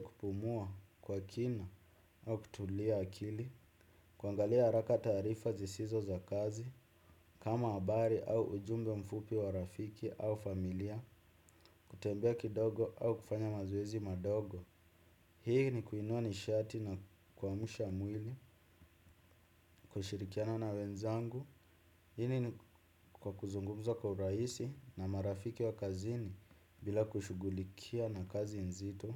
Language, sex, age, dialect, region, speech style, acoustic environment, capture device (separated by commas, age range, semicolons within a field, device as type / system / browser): Swahili, male, 25-34, Kenya - Nairobi Sheng-influenced, Kenya, happy, music, desktop / Windows / Chrome